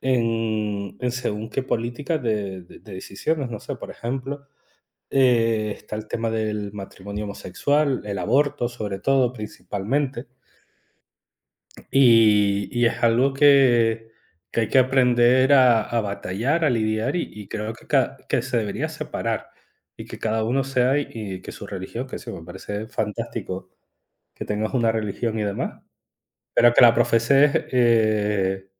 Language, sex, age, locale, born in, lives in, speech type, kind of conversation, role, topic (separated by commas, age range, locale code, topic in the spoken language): Spanish, male, 35-39, es-ES, Spain, Spain, conversation, unstructured, none, ¿Es peligroso mezclar la religión y la política?